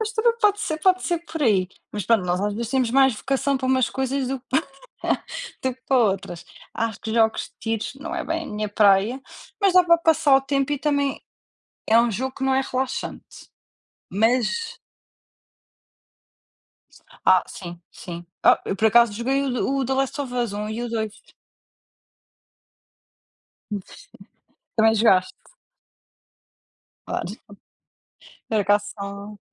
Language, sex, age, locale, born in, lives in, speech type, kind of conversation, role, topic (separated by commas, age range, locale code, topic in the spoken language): Portuguese, female, 30-34, pt-PT, Portugal, Portugal, conversation, unstructured, none, Como seus hobbies ajudam a reduzir o estresse?